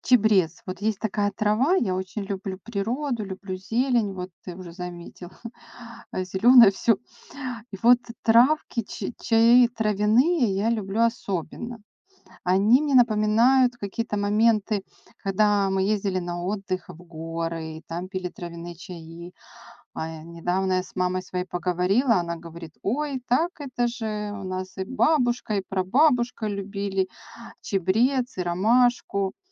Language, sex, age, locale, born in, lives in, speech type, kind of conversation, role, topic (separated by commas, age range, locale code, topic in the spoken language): Russian, female, 45-49, ru-RU, Ukraine, Spain, conversation, podcast, guest, Какое простое блюдо ты обычно готовишь, когда хочешь успокоиться?